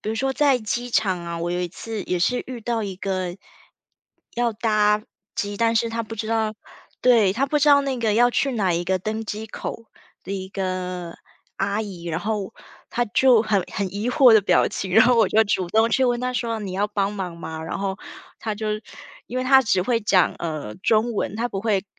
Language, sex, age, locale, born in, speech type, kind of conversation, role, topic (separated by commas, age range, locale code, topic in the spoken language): Chinese, female, 35-39, zh-TW, Taiwan, conversation, podcast, guest, 你在本地有没有遇到过热心的陌生人？